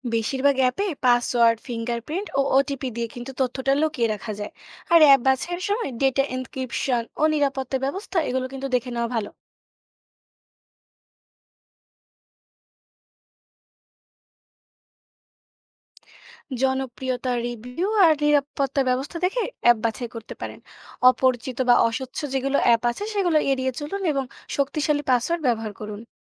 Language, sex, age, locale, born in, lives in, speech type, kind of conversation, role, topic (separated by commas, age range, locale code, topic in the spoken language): Bengali, female, 20-24, bn-BD, Bangladesh, Bangladesh, read, expert-assistant, expert, ব্যবসার প্রতিদিনের কাজ সামলাতে কাগজ-কলম পদ্ধতি ভালো, নাকি মোবাইল অ্যাপ ব্যবহার করা সহজ ও কার্যকর?